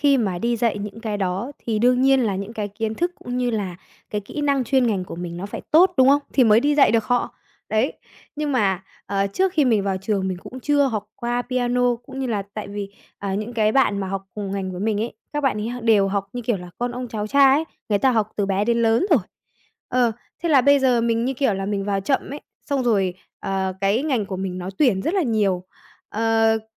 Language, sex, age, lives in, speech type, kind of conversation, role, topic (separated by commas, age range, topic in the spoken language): Vietnamese, female, 20-24, Vietnam, conversation, advice, user, Làm sao tôi điều chỉnh kỳ vọng khi thực tế tiến triển chậm hơn mong đợi?